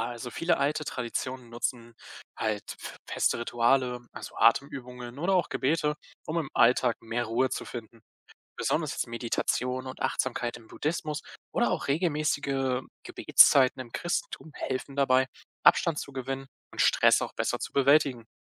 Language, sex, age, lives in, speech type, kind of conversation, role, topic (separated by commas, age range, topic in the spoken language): German, male, 20-24, Germany, read, expert-assistant, expert, Welche Gewohnheiten aus alten religiösen Traditionen könnten mir heute helfen, gelassener mit Stress umzugehen?